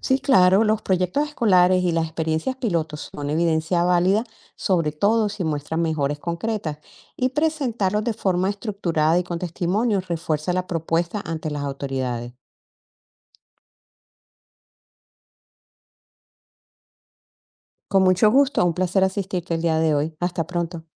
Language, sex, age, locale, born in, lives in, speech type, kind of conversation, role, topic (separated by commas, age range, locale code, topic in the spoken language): Spanish, female, 55-59, es-CO, Colombia, United States, read, expert-assistant, expert, ¿Cómo se decide qué materias deben incluirse en el plan de estudios escolar?